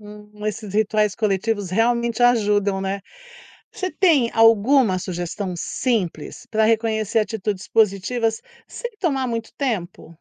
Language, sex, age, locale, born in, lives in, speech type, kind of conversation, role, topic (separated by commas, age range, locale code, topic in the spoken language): Portuguese, female, 55-59, pt-BR, Brazil, United States, read, expert-assistant, asker, Como um médico pode organizar melhor o seu dia para lidar com muitos pacientes sem perder a qualidade?